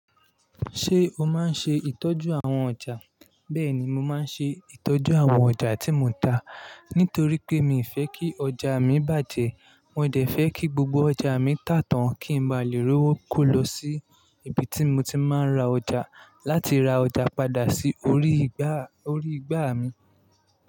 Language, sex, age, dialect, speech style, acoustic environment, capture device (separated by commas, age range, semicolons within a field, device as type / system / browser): Yoruba, male, 25-34, Nigeria - Lagos Yoruba, relaxed, nature, mobile / Linux / Chrome